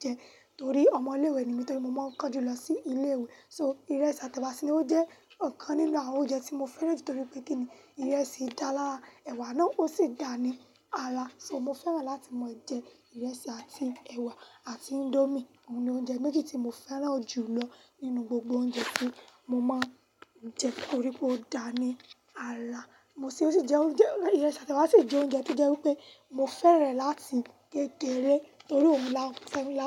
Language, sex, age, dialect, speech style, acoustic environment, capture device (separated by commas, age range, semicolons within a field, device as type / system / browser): Yoruba, female, 18-24, Nigeria - Lagos Yoruba, happy; nervous, silence, mobile / Linux / Chrome